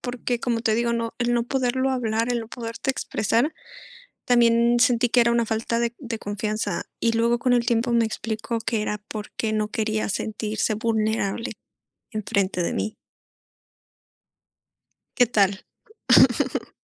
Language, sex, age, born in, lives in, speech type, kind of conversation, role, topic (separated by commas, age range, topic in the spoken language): Spanish, female, 25-29, Mexico, Mexico, conversation, unstructured, none, ¿Crees que los conflictos pueden hacer que las relaciones sean más fuertes?